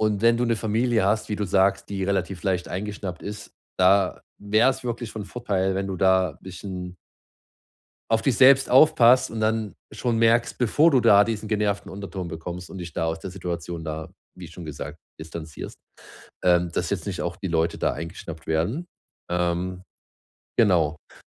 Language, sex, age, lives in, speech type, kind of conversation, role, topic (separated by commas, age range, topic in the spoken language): German, male, 30-34, Germany, conversation, advice, advisor, Wie gehe ich am besten mit kritischen Verwandten um?